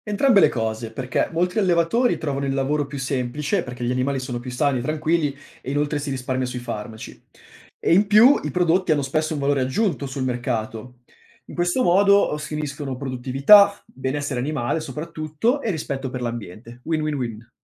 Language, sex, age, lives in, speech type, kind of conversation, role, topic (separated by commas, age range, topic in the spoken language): Italian, male, 25-29, Italy, read, expert-assistant, expert, Quali cambiamenti potremmo immaginare per far crescere animali più sani e felici nelle nostre campagne?